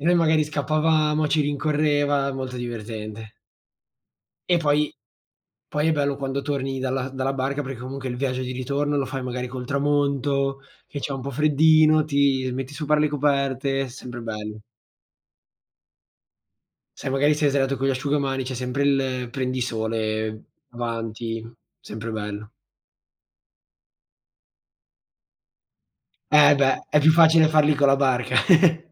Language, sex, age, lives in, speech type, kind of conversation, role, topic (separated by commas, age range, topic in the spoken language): Italian, male, 18-19, Italy, conversation, unstructured, none, Qual è il ricordo più felice della tua infanzia?